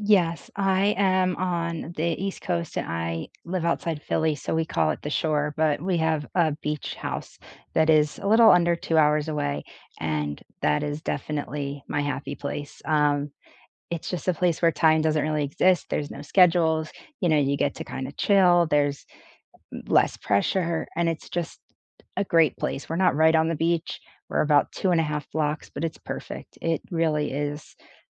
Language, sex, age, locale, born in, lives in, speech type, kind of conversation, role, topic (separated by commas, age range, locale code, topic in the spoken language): English, female, 25-29, en-US, United States, United States, conversation, unstructured, none, What are your favorite two-hour weekend getaways near where you live, and what memories do you associate with them?